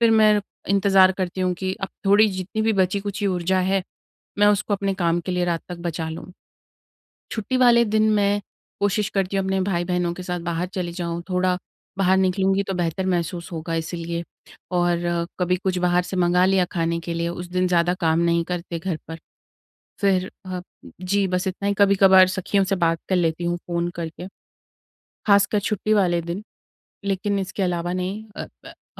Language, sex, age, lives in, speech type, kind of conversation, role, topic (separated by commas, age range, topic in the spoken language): Hindi, female, 25-29, India, conversation, advice, user, नींद और आराम सुधारने के तरीके